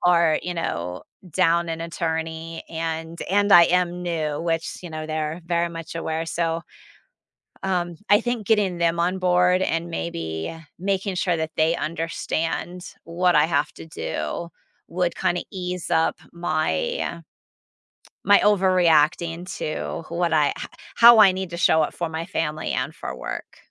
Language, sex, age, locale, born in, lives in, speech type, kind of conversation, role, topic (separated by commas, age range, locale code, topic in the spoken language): English, female, 45-49, en-US, United States, United States, conversation, advice, user, How can I balance tight work deadlines while still being present for my family?